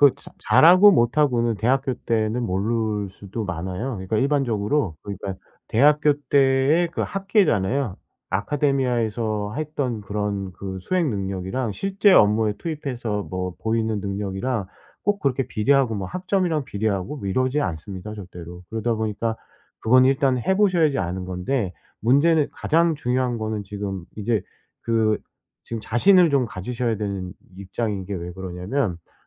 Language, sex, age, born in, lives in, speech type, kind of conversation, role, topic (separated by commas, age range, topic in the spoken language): Korean, male, 45-49, South Korea, South Korea, conversation, advice, advisor, 번아웃을 예방하면서 꾸준히 동기를 유지하려면 어떻게 해야 하나요?